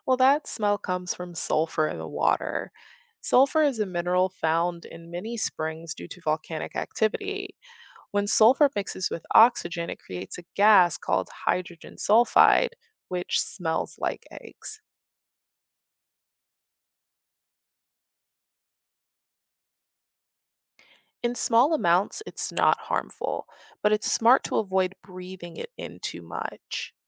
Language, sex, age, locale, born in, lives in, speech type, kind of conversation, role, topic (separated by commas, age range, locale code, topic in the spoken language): English, female, 25-29, en-US, United States, United States, read, expert-assistant, expert, How does a hot spring get naturally warm?